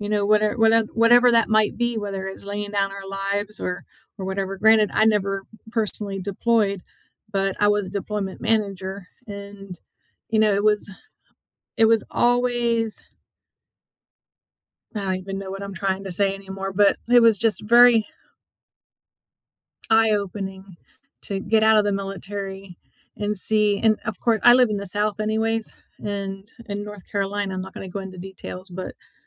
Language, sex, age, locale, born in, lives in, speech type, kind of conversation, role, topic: English, female, 50-54, en-US, United States, United States, conversation, unstructured, none, Why do some historical injustices continue to affect people today?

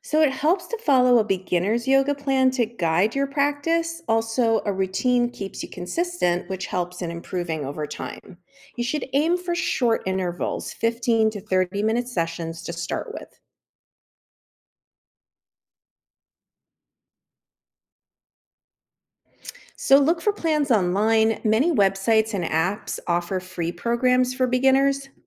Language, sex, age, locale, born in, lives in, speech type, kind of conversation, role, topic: English, female, 50-54, en-US, United States, United States, read, expert-assistant, expert, How do you get started practicing yoga at home?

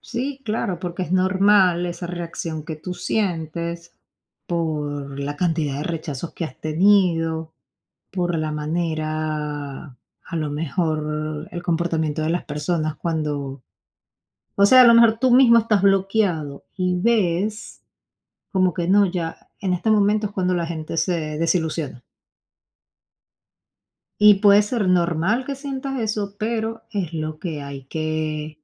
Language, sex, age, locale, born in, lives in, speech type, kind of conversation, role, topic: Spanish, female, 35-39, es-VE, Venezuela, United States, conversation, advice, advisor, ¿Cómo puedo manejar el bloqueo que siento después de recibir críticas negativas durante mucho tiempo?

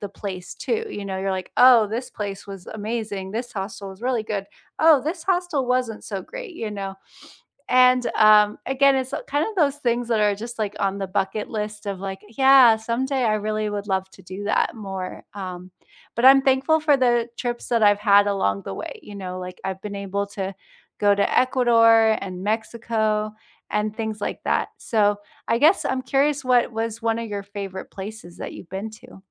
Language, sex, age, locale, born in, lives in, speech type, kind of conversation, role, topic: English, female, 35-39, en-US, United States, United States, conversation, unstructured, none, What is the best way to save money while traveling?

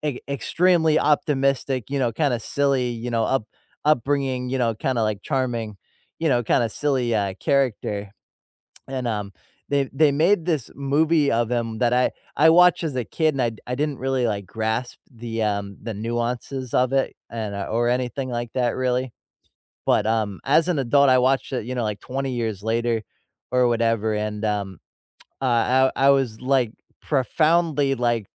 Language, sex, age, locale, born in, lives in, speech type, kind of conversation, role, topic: English, male, 25-29, en-US, United States, United States, conversation, unstructured, none, Which animated films unexpectedly hit you harder as an adult, and why do they resonate now?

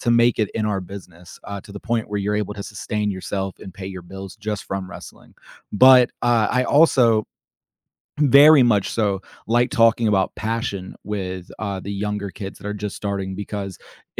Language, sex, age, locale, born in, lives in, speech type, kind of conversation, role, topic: English, male, 25-29, en-US, United States, United States, conversation, podcast, guest, How has being creative shaped who you are and how you see the world?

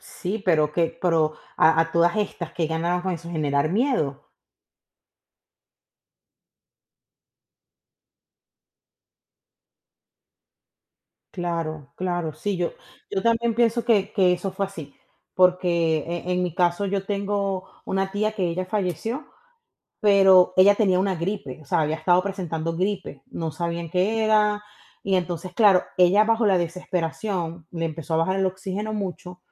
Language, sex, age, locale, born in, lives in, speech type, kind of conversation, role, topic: Spanish, female, 35-39, es-VE, Venezuela, United States, conversation, unstructured, none, ¿Crees que los medios de comunicación dicen la verdad o manipulan la información?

